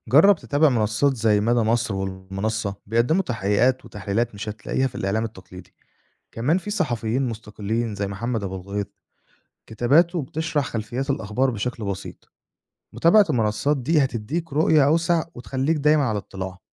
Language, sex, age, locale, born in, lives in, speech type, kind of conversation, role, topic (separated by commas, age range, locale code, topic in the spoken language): Arabic, male, 25-29, ar-EG, Egypt, Egypt, read, expert-assistant, expert, لو عايز أتابع أخبار الصحافة الجديدة، أتابع مين أو إيه المصادر اللي أبدأ بيها؟